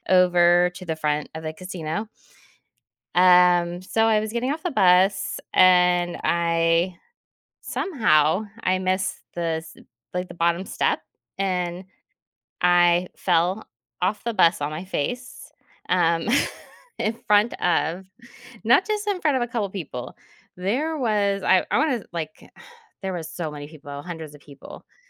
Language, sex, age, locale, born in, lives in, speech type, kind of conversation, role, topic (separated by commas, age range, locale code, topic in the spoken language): English, female, 40-44, en-US, United States, United States, conversation, advice, user, How can I recover confidently after a clumsy moment in public?